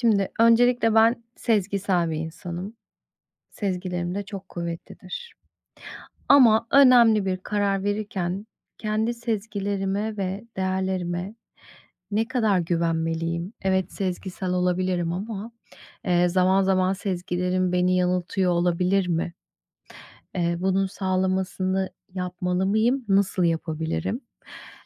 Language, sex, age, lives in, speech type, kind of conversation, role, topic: Turkish, female, 40-44, United States, conversation, advice, user, Önemli bir karar verirken sezgilerime ve değerlerime nasıl güvenebilirim?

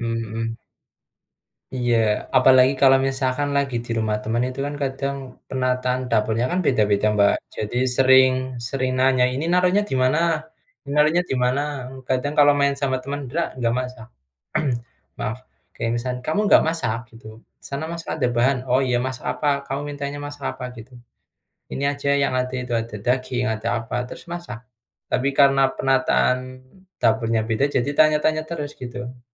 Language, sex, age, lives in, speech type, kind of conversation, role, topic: Indonesian, male, 25-29, Indonesia, conversation, unstructured, none, Bagaimana hobi dapat membantu mengurangi stres?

